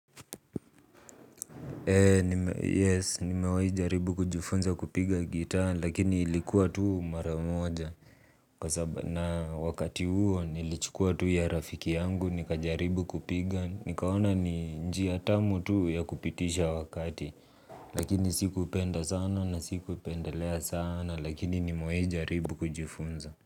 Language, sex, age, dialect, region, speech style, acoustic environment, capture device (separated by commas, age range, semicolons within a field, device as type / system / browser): Swahili, male, 25-34, Kenya - Nairobi Sheng-influenced, Kenya, relaxed, nature, mobile / Linux / Chrome